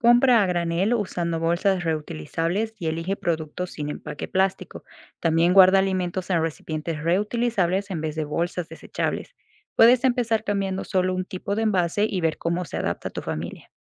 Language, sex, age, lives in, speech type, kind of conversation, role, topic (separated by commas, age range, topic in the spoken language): Spanish, female, 25-29, United States, read, expert-assistant, expert, ¿Qué acciones prácticas podemos tomar en casa para reducir el impacto del cambio climático y prevenir problemas futuros?